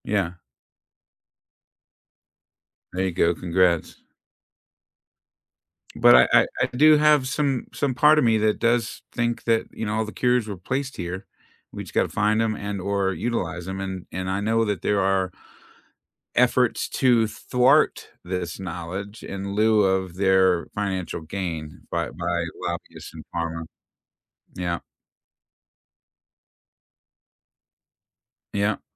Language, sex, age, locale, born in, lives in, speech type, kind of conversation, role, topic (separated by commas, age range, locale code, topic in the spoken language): English, male, 55-59, en-US, United States, United States, conversation, unstructured, none, What job makes you feel proud of your work?